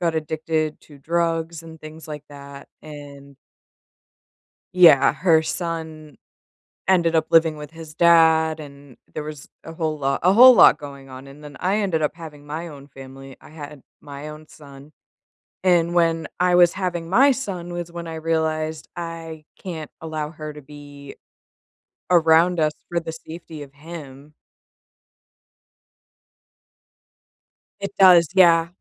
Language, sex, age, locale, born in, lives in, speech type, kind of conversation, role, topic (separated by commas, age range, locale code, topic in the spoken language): English, female, 30-34, en-US, United States, United States, conversation, unstructured, none, When is it okay to cut ties with toxic family members?